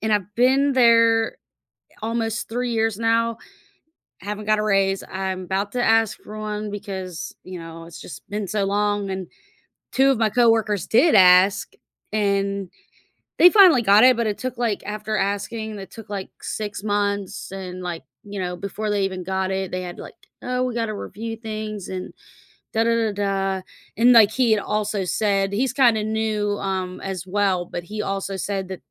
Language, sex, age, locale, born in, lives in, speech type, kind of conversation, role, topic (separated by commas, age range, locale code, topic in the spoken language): English, female, 35-39, en-US, United States, United States, conversation, podcast, guest, What important lesson did you only learn after starting your career?